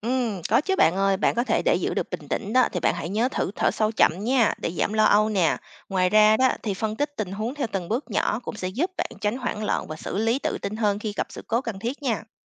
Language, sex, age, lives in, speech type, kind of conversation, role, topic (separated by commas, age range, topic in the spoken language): Vietnamese, female, 30-34, United States, read, expert-assistant, expert, Nếu muốn bắt đầu thử các hoạt động ngoài trời mới lạ, bạn hay tìm thông tin, lời khuyên từ đâu?